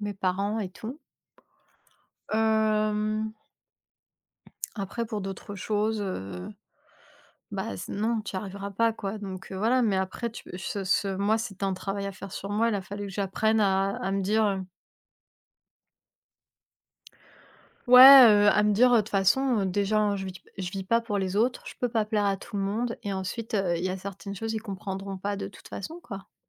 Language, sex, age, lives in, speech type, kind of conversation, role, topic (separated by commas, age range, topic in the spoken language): French, female, 30-34, France, conversation, unstructured, none, Comment réagir lorsqu’on se sent incompris par son entourage ?